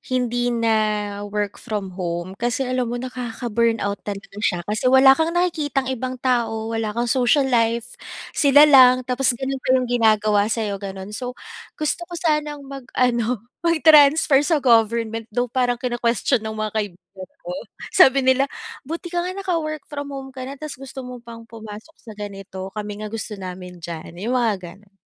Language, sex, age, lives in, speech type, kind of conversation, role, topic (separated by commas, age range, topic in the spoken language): Filipino, female, 35-39, Philippines, conversation, advice, user, Paano ako makakabalik sa trabaho nang hindi na muling makaranas ng labis na pagkapagod?